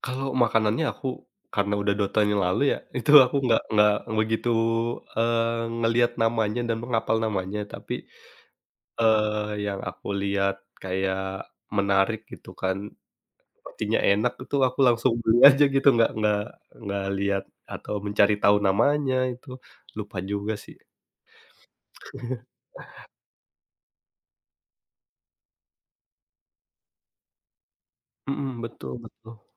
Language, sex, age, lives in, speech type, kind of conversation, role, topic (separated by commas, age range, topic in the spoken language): Indonesian, male, 30-34, Indonesia, conversation, podcast, guest, Apa pengalaman paling berkesan saat kamu makan jajanan kaki lima?